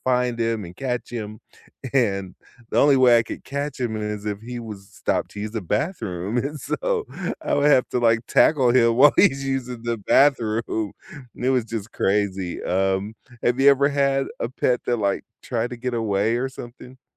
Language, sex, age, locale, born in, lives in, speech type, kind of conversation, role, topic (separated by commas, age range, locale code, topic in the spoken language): English, male, 50-54, en-US, United States, United States, conversation, unstructured, none, Which childhood neighborhood moments still make you smile, and what made that place feel like home?